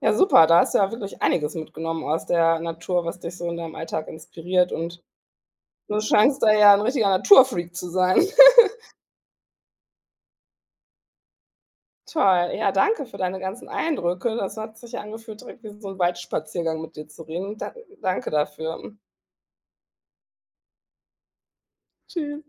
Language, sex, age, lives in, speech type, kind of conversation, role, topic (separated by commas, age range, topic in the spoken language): German, female, 40-44, Germany, conversation, podcast, host, Was nimmst du aus der Natur mit in deinen Alltag?